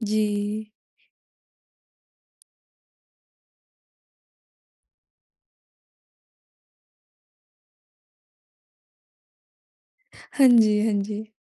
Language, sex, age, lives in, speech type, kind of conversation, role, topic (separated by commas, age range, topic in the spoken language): Hindi, female, 20-24, India, conversation, podcast, host, जब आपको अपनी सोच बदलनी पड़ती है, तो आप उस डर को कैसे संभालते हैं?